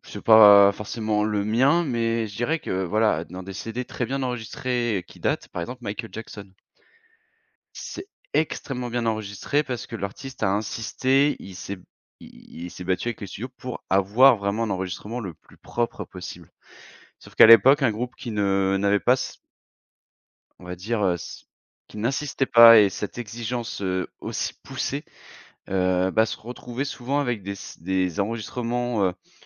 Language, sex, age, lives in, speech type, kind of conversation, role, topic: French, male, 30-34, France, conversation, podcast, guest, Quelle chanson te replonge instantanément dans ton enfance ?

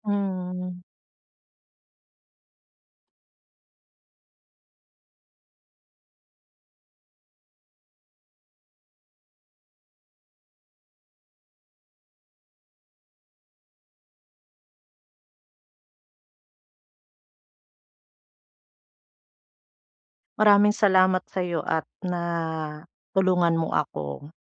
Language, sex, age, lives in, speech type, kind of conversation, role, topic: Filipino, female, 40-44, Philippines, conversation, advice, user, Paano ako hihingi ng tulong kapag paulit-ulit akong nasasaktan at dumaranas ng matinding emosyonal na paghihirap?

